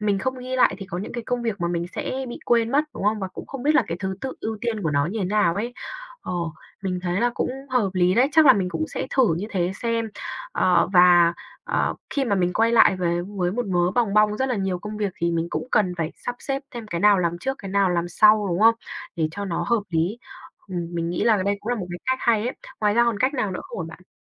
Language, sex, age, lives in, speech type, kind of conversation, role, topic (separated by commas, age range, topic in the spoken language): Vietnamese, female, 20-24, Vietnam, conversation, advice, user, Làm thế nào để trở lại làm việc sau kỳ nghỉ phép dài mà vẫn theo kịp đồng nghiệp?